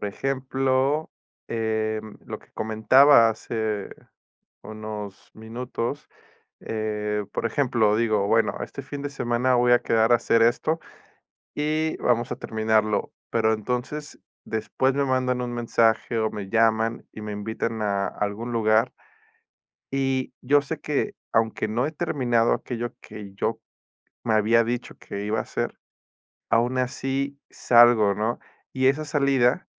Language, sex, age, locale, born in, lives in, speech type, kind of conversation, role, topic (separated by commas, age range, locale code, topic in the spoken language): Spanish, male, 30-34, es-MX, Mexico, Mexico, conversation, advice, user, ¿Cómo puedo reemplazar mis impulsos automáticos por acciones conscientes?